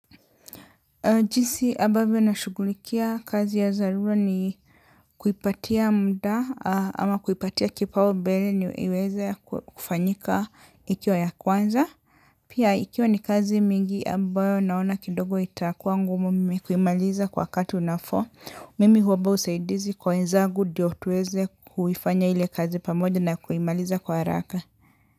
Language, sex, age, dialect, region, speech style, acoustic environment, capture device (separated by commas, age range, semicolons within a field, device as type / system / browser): Swahili, male, 35-44, Kenya - Nairobi Sheng-influenced, Kenya, relaxed, silence, mobile / Linux / Chrome